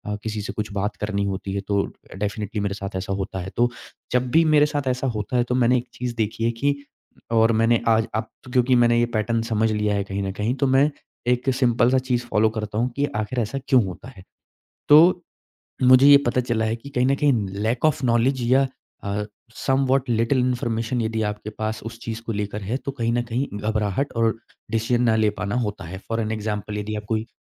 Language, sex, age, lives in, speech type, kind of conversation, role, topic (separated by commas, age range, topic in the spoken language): Hindi, male, 25-29, India, conversation, podcast, guest, Decision paralysis ka sabse common reason tumhare hisaab se kya hota hai?